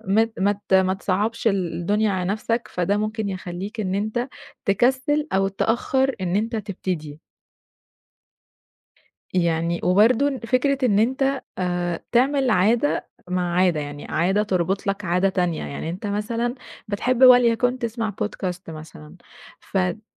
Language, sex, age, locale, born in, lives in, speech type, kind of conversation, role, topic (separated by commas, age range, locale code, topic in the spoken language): Arabic, female, 20-24, ar-EG, Egypt, Egypt, conversation, advice, advisor, ليه مش قادر ألتزم بروتين صباحي ثابت؟